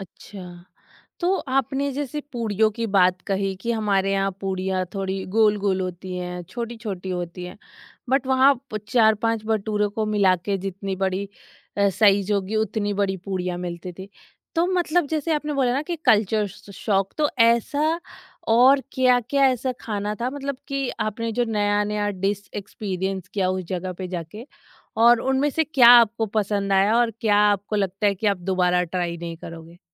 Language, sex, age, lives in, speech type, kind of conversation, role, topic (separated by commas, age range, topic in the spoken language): Hindi, female, 30-34, India, conversation, podcast, host, Kya safar ke dauran kisi local se milkar aapka nazariya kabhi badla hai?